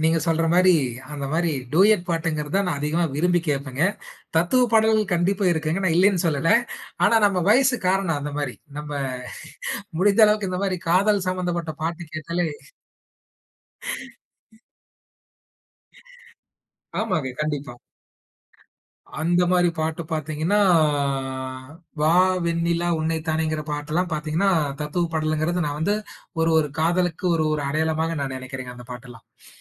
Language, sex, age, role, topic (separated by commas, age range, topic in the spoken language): Tamil, male, 20-24, guest, பழைய பாடல்களை கேட்டால் உங்களுக்கு எந்த நினைவுகள் மனதில் எழுகின்றன?